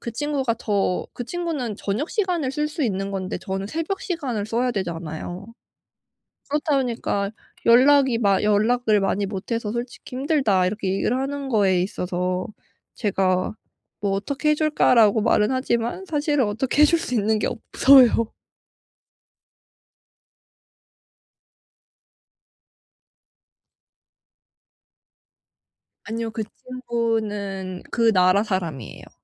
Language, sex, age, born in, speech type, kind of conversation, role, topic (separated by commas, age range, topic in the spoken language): Korean, female, 25-29, South Korea, conversation, advice, user, 어려운 시기에 파트너를 감정적으로 어떻게 지지할 수 있을까요?